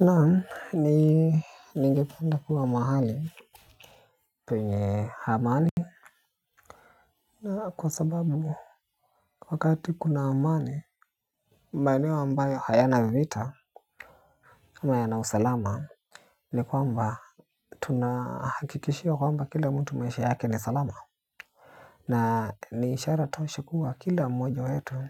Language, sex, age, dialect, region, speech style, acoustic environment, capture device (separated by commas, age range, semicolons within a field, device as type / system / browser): Swahili, male, 18-24, Kenya - Nairobi Sheng-influenced, Kenya, happy, appliances; silence, mobile / Linux / Chrome